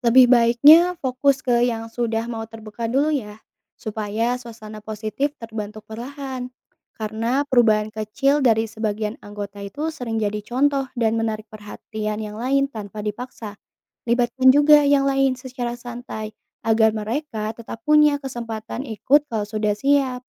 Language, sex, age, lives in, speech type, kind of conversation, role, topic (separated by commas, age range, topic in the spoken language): Indonesian, female, 25-29, Indonesia, read, expert-assistant, expert, Mengapa membicarakan kesehatan mental masih dianggap tabu di beberapa keluarga Indonesia?